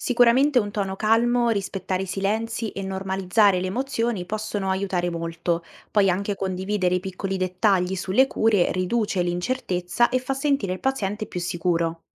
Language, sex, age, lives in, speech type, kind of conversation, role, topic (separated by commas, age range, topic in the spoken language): Italian, female, 18-19, Italy, read, expert-assistant, expert, Hai mai pensato a quanto la fiducia tra medico e paziente possa influenzare la guarigione di una persona?